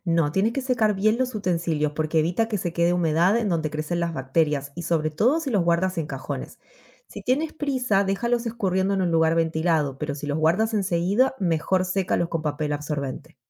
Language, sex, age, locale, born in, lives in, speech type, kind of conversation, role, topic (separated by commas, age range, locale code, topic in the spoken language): Spanish, female, 35-39, es-AR, Argentina, United States, read, expert-assistant, expert, ¿Qué trucos sencillos puedo usar en casa para asegurar que la comida sea segura?